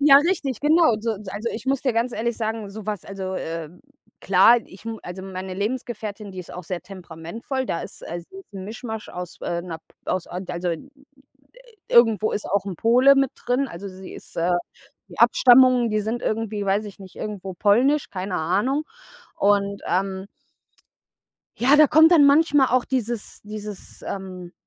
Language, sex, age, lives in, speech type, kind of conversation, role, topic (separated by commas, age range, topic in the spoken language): German, female, 30-34, Germany, conversation, unstructured, none, Wie gehst du mit Streit in der Familie um?